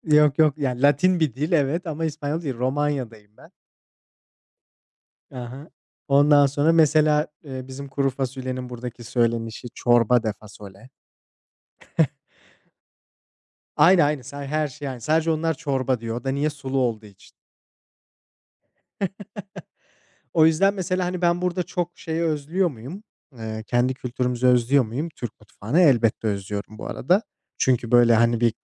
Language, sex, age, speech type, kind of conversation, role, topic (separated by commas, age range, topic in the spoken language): Turkish, male, 25-29, conversation, unstructured, none, Kültürünüzde en sevdiğiniz yemek hangisi?